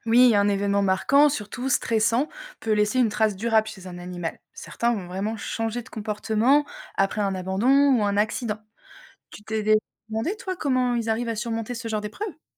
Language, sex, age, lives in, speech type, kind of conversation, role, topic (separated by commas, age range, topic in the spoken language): French, female, 30-34, France, read, expert-assistant, expert, Penses-tu que les animaux ont leur propre façon de voir le monde ? Comment imagines-tu la vie d’un animal familier ?